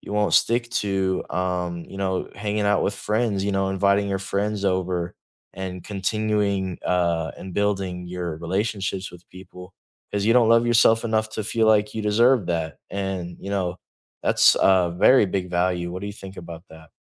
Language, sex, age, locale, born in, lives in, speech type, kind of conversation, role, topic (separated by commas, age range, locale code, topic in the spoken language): English, male, 18-19, en-US, United States, United States, conversation, unstructured, none, What values do you think are most important in life?